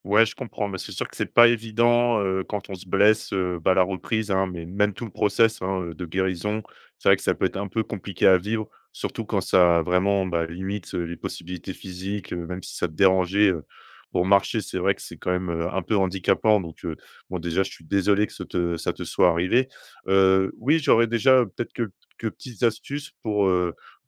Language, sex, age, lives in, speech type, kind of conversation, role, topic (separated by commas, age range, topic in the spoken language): French, male, 30-34, France, conversation, advice, advisor, Comment reprendre le sport après une blessure ou une immobilisation ?